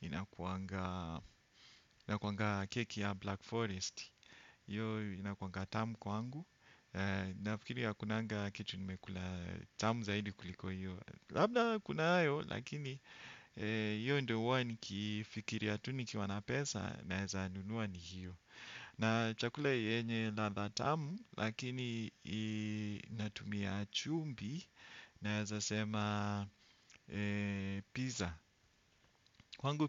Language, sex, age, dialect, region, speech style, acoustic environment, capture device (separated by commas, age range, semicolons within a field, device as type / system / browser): Swahili, male, 35-44, Kenya - Nairobi Sheng-influenced, Kenya, relaxed, silence, desktop / Windows / Chrome